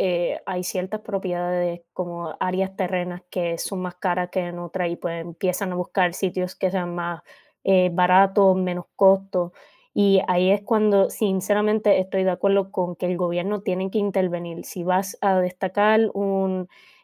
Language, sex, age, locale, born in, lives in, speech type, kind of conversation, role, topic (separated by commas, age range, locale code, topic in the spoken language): Spanish, female, 20-24, es-CU, Puerto Rico, United States, conversation, unstructured, none, ¿Es justo que la tecnología reemplace trabajos humanos?